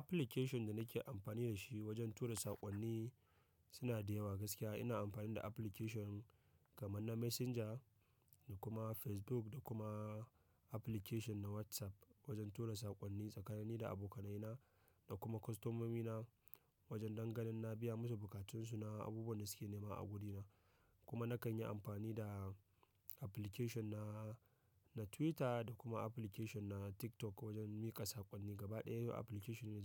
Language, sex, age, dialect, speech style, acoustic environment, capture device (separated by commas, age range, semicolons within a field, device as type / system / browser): Hausa, male, 25-34, Nigeria - Nigerian Standard, happy; excited; focused, people talking; nature, mobile / macOS / Safari